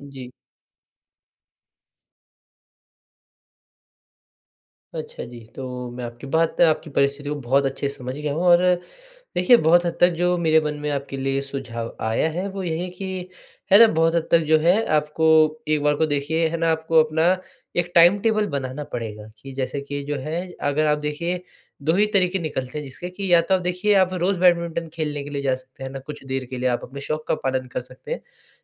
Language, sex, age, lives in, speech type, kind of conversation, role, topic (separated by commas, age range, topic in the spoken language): Hindi, male, 20-24, India, conversation, advice, advisor, व्यस्त दिनचर्या में अपने शौक के लिए समय कैसे निकालें?